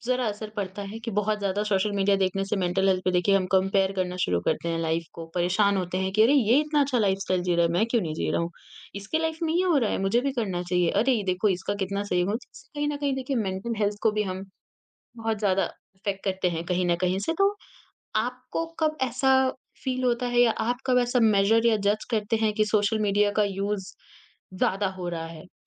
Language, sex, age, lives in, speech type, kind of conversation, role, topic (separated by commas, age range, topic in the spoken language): Hindi, female, 40-44, India, conversation, podcast, host, Social media ka istemaal aur apni mental health ko tum kaise balance karte ho?